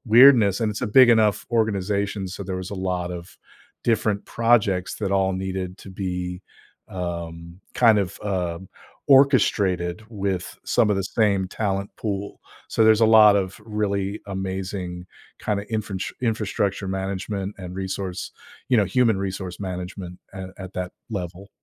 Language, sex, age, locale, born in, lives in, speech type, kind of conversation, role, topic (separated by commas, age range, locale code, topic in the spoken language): English, male, 50-54, en-US, United States, United States, conversation, podcast, guest, How do you decide when a project is finished?